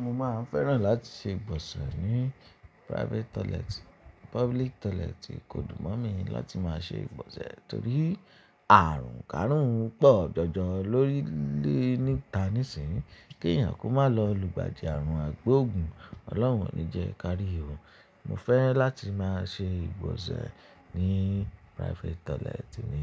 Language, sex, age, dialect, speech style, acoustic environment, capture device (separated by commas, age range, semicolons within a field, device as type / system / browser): Yoruba, male, 25-34, Nigeria - Ibadan, happy; excited; focused, silence, mobile / Linux / Chrome